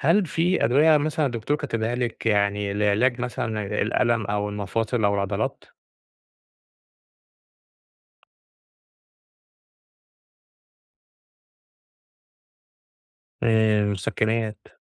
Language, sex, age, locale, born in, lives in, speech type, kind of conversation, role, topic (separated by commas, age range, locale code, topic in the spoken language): Arabic, male, 30-34, ar-EG, Egypt, Egypt, conversation, advice, advisor, إزاي أقدر أتمرّن بأمان وأنا عندي آلام مزمنة وتعب؟